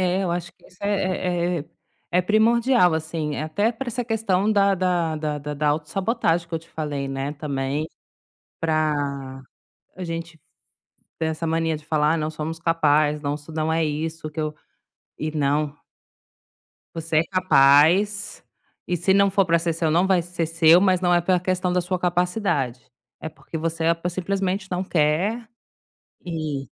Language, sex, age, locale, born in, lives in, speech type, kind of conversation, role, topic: Portuguese, female, 35-39, pt-BR, Brazil, Spain, conversation, advice, advisor, Como posso transformar o feedback da mentoria em progresso real?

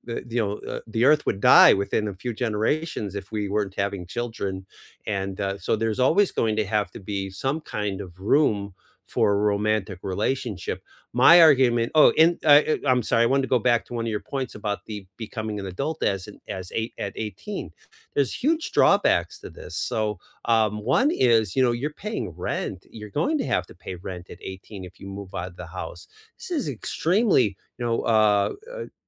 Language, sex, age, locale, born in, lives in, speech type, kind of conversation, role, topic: English, male, 55-59, en-US, United States, United States, conversation, unstructured, none, Do people have to choose between career success and a serious romantic relationship?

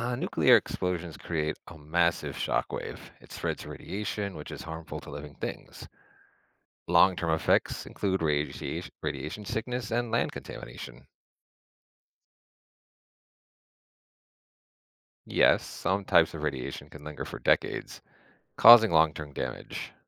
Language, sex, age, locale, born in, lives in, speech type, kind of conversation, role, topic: English, male, 35-39, en-US, United States, United States, read, expert-assistant, expert, How does a nuclear bomb release so much energy?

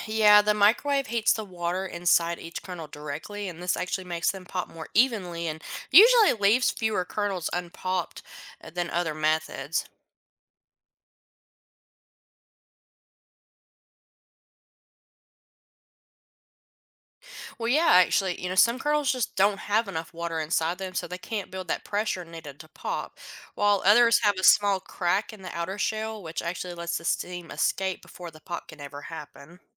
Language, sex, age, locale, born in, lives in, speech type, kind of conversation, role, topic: English, female, 25-29, en-US, United States, United States, read, expert-assistant, expert, What causes popcorn kernels to pop?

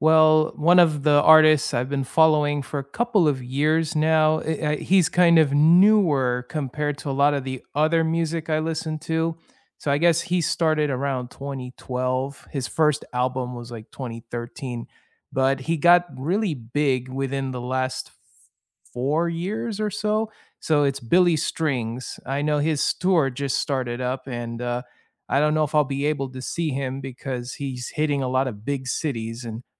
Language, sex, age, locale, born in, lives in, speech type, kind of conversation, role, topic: English, male, 30-34, en-US, United States, United States, conversation, unstructured, none, Which new artists or creators are you excited to follow this year?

